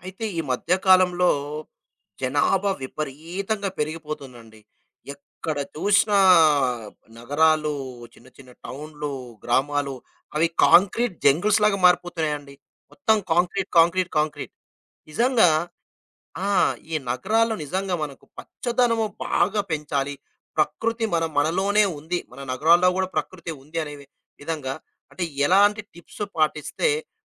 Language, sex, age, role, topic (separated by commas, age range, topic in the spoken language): Telugu, male, 35-39, host, నగరంలోని రోజువారీ జీవితంలో ప్రకృతిని అనుభవించేందుకు ఏ సూచనలు పాటించాలి?